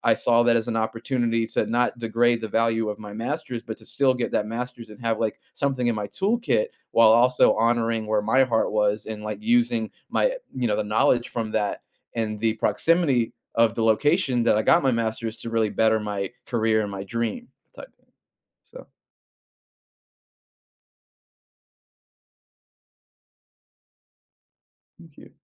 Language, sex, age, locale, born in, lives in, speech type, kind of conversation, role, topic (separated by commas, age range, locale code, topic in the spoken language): English, male, 30-34, en-US, United States, United States, conversation, podcast, guest, What experiences or influences help people understand themselves better?